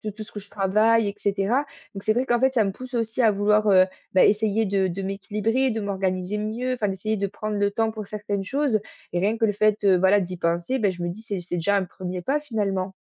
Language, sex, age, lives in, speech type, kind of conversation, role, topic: French, female, 20-24, France, conversation, advice, user, Comment puis-je intégrer des soins personnels dans ma routine quand je manque de temps pour moi ?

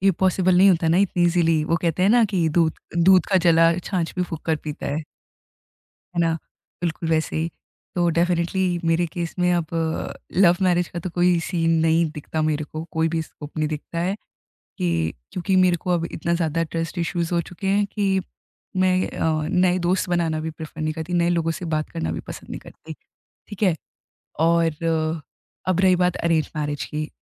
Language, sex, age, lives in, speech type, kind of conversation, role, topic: Hindi, female, 20-24, India, conversation, podcast, guest, Apni kamzoriyaan/naazuk jazbaat share karne se kya bharosa banta hai—tumhare tajurbe mein?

